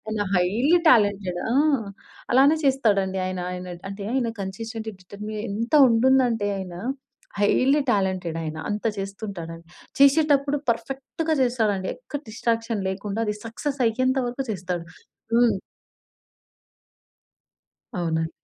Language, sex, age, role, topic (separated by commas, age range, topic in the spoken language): Telugu, female, 20-24, guest, ఒంటరిగా ఉండటం మీ సృజనాత్మకతకు ఎలా సహాయపడుతుంది?